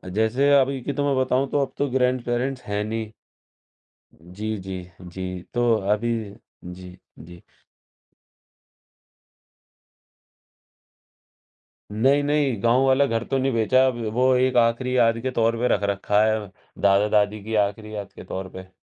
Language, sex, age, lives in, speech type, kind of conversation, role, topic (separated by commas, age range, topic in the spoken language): Hindi, male, 18-19, India, conversation, podcast, guest, Aap apne दादा-दादी या नाना-नानी के दूसरे शहर या देश में बसने की कहानी कैसे बताएँगे?